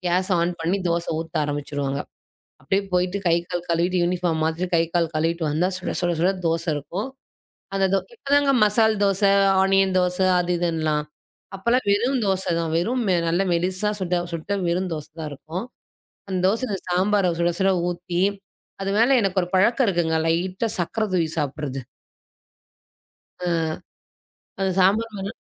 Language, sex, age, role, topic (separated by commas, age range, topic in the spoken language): Tamil, female, 35-39, guest, உணவு மூலம் பாசத்தை எப்படி காட்டலாம்?